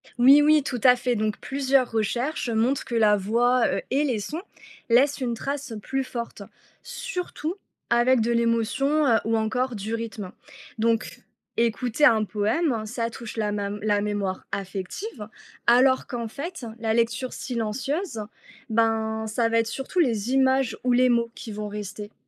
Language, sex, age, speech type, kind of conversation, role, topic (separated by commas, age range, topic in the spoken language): French, female, 30-34, read, expert-assistant, expert, Écouter un poème a-t-il plus d'impact que le lire ?